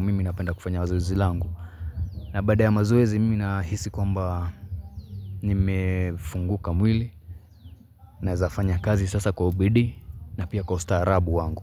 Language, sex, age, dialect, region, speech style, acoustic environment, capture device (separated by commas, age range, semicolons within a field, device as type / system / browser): Swahili, male, 25-34, Kenya - Mombasa, Kenya, relaxed, silence, mobile / Linux / Chrome